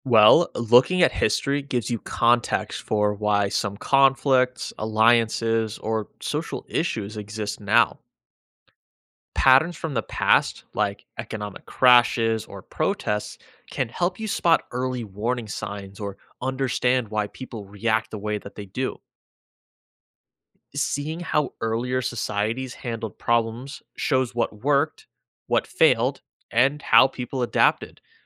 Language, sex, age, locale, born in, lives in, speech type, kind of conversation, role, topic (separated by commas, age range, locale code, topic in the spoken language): English, male, 25-29, en-US, United States, United States, read, expert-assistant, expert, How does studying history clarify current events?